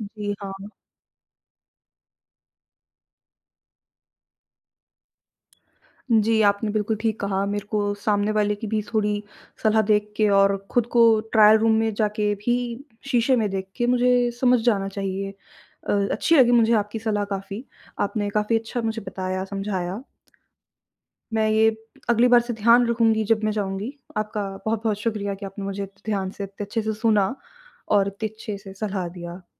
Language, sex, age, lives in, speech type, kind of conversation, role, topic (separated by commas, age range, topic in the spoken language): Hindi, female, 20-24, India, conversation, advice, user, खरीदारी के इतने सारे विकल्पों में मैं अभिभूत महसूस कर रहा/रही हूँ—मैं कहाँ से शुरुआत करूँ?